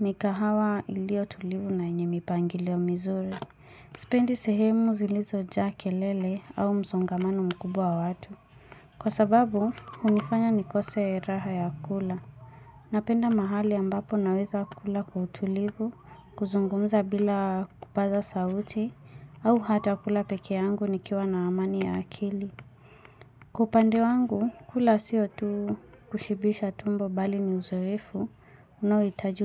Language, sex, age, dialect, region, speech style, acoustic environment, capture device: Swahili, female, 25-34, Kenya - Mombasa, Kenya, focused, silence, mobile / Linux / Chrome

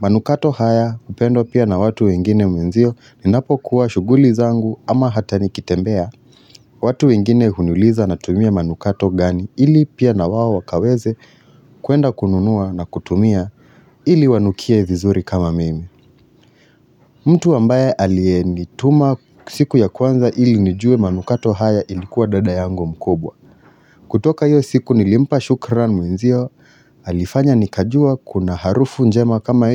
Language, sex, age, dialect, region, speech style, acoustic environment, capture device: Swahili, male, 25-34, Kenya - Nairobi Sheng-influenced, Kenya, relaxed, silence; nature, mobile / Linux / Chrome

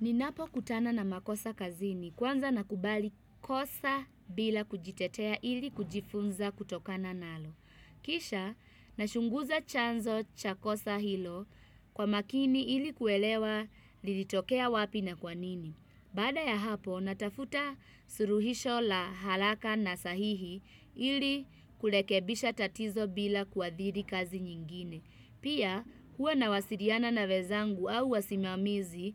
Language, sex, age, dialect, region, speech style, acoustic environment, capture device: Swahili, female, 25-34, Kenya - Nairobi Sheng-influenced, Kenya, happy, people talking, desktop / Windows / Chrome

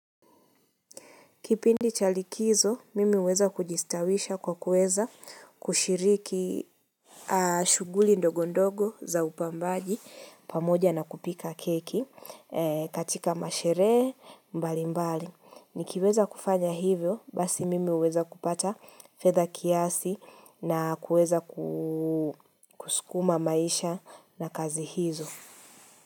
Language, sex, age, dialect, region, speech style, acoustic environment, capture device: Swahili, female, 25-34, Kenya - Nairobi Sheng-influenced, Kenya, happy; excited, nature; traffic, mobile / Linux / Chrome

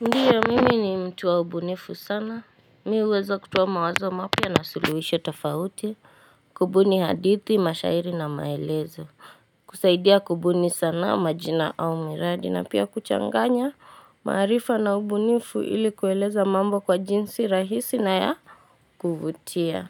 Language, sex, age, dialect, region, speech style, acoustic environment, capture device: Swahili, female, 18-24, Kenya - Nairobi Sheng-influenced, Kenya, relaxed, silence, mobile / Linux / Chrome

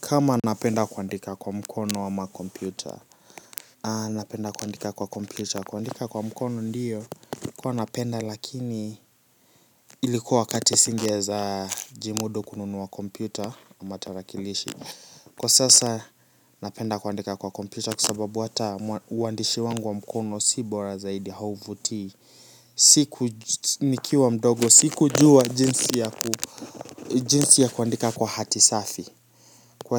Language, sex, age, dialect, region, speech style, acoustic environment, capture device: Swahili, male, 18-24, Kenya - Nairobi Sheng-influenced, Kenya, relaxed, silence, mobile / Linux / Chrome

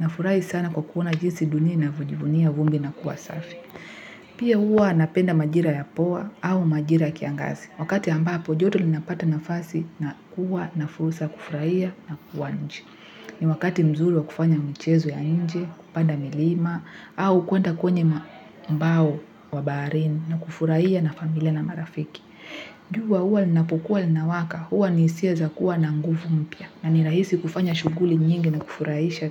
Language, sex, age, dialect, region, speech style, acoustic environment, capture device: Swahili, female, 18-24, Kenya - Nairobi Sheng-influenced, Kenya, happy, people talking; music; nature; silence; traffic, mobile / Linux / Chrome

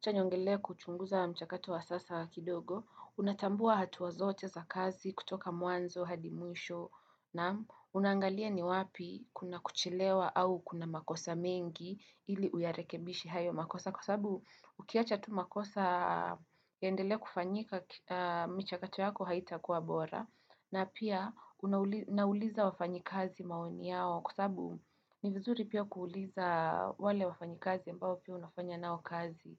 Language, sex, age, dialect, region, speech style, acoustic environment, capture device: Swahili, female, 18-24, Kenya - Nairobi Sheng-influenced, Kenya, nervous; focused, people talking; construction, desktop / Windows / Chrome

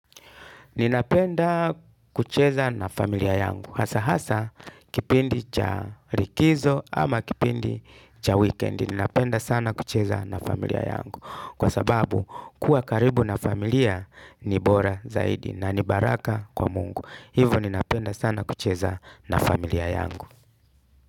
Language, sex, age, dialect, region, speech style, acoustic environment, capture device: Swahili, male, 35-44, Kenya - Mombasa, Kenya, happy, music, desktop / Linux / Chrome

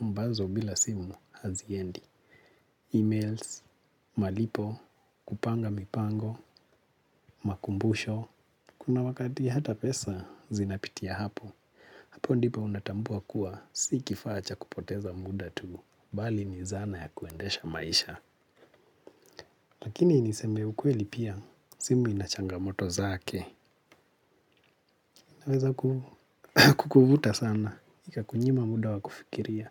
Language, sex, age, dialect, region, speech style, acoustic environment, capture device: Swahili, male, 25-34, Kenya - Nairobi Sheng-influenced, Kenya, relaxed, silence; nature, mobile / Linux / Chrome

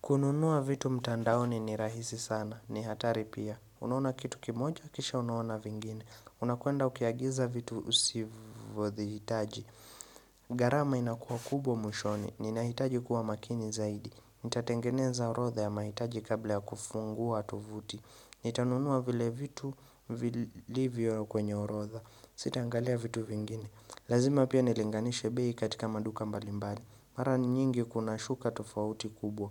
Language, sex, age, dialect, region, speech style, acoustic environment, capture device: Swahili, male, 25-34, Kenya - Nairobi Sheng-influenced, Kenya, relaxed; focused, silence; nature, mobile / Linux / Chrome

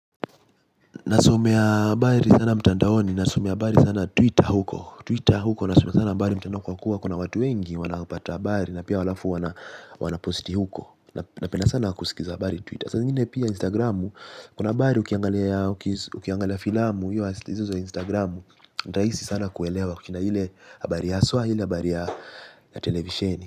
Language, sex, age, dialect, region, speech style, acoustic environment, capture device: Swahili, male, 18-24, Kenya - Mombasa, Kenya, relaxed, silence, mobile / Linux / Chrome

